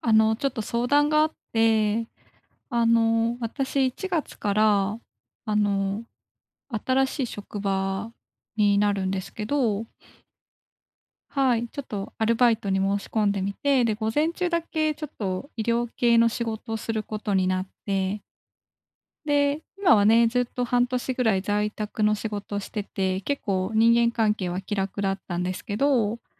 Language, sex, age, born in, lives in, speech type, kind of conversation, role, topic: Japanese, female, 25-29, Japan, Japan, conversation, advice, user, 人間関係で自然体でいるにはどうすればいいですか？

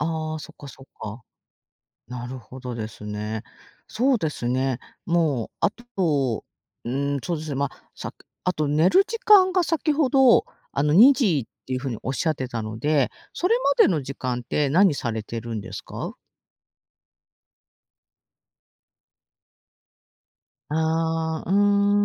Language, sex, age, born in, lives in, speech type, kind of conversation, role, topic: Japanese, female, 50-54, Japan, Japan, conversation, advice, advisor, 寝つきが悪く、夜中に何度も目が覚めるのはなぜですか？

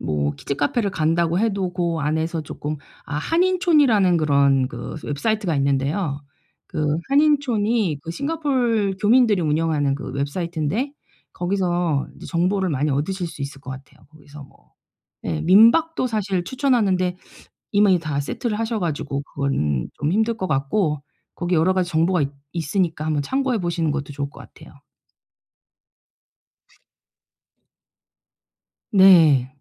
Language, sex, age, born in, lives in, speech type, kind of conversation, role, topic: Korean, female, 45-49, South Korea, South Korea, conversation, advice, advisor, 예산 안에서 즐거운 여행을 알뜰하게 계획하려면 어떻게 해야 하나요?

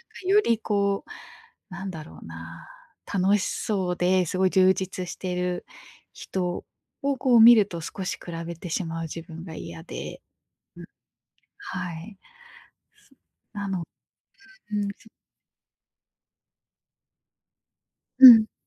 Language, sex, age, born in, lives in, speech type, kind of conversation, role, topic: Japanese, female, 25-29, Japan, Japan, conversation, advice, user, SNSやスマホが原因で起きている嫉妬や境界の問題について、詳しく教えていただけますか？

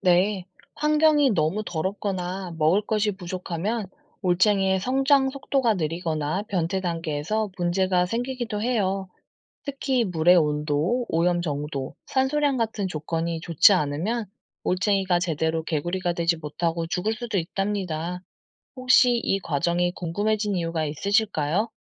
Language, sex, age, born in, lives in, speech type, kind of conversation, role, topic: Korean, female, 35-39, South Korea, South Korea, read, expert-assistant, expert, 왜 올챙이는 자라서 개구리가 될까요?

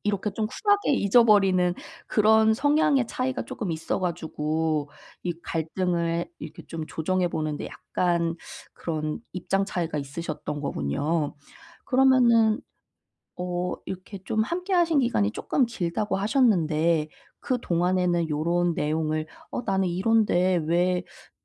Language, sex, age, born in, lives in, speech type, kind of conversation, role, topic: Korean, female, 30-34, South Korea, South Korea, conversation, advice, advisor, 갈등을 건강하게 해결하기 위한 의사소통 방식은 무엇인가요?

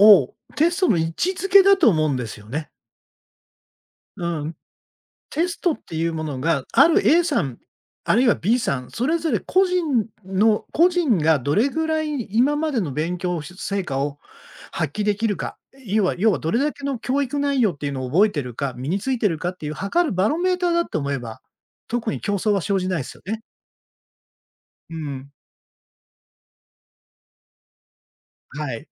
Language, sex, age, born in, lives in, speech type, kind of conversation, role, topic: Japanese, male, 60-64, Japan, Japan, conversation, podcast, guest, テスト中心の教育をどう感じますか？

